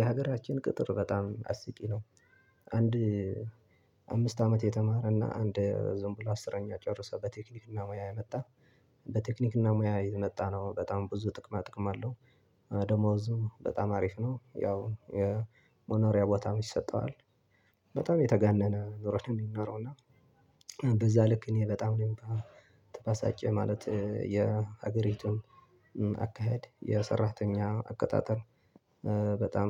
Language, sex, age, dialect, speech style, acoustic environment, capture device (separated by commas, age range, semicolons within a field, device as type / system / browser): Amharic, male, 25-34, Ethiopia - Tigray, relaxed; happy; focused, silence, desktop / Linux / Chrome